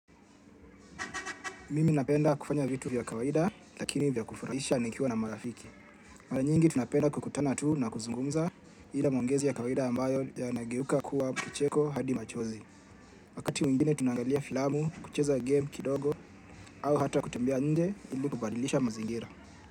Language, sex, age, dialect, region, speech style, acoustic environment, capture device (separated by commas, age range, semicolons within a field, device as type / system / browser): Swahili, male, 25-34, Kenya - Nairobi Sheng-influenced, Kenya, relaxed; focused, people talking, mobile / Linux / Chrome